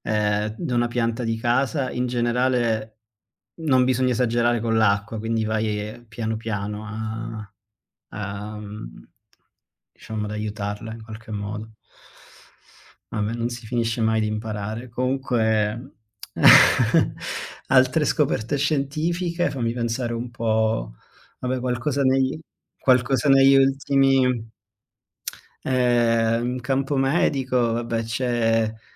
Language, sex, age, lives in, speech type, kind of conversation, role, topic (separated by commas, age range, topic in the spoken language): Italian, male, 30-34, Germany, conversation, unstructured, none, Qual è la scoperta scientifica che ti ha sorpreso di più?